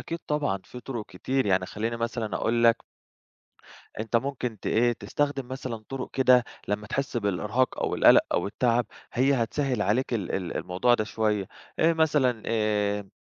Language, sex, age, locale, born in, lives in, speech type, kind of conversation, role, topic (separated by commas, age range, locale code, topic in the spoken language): Arabic, male, 25-29, ar-EG, Egypt, Greece, conversation, advice, advisor, إزاي بتتعامل مع الإحساس بالإرهاق وفقدان الحافز بعد فترة شغل طويلة؟